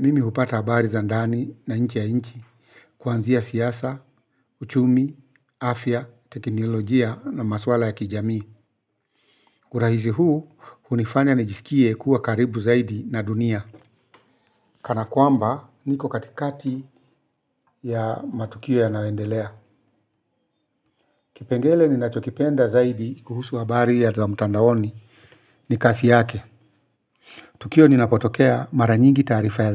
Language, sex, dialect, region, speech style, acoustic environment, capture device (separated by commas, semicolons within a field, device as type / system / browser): Swahili, male, Kenya - Nairobi Sheng-influenced, Kenya, focused; relaxed, silence, mobile / Linux / Chrome